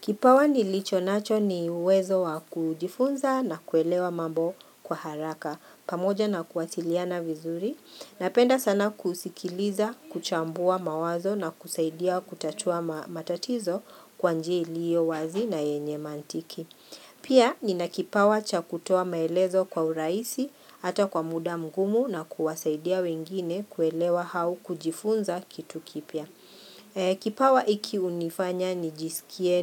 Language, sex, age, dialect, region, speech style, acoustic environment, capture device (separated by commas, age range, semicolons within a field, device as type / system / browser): Swahili, female, 45-54, Kenya - Nairobi Sheng-influenced, Kenya, happy; relaxed, people talking, mobile / Linux / Chrome